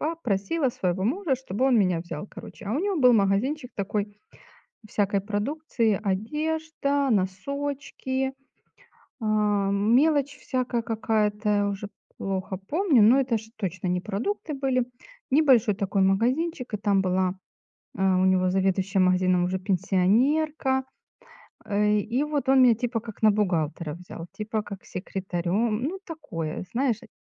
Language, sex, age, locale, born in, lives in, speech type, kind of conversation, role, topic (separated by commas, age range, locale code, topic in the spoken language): Russian, female, 45-49, ru-RU, Ukraine, Spain, conversation, unstructured, none, Какой урок вы вынесли из своей первой работы?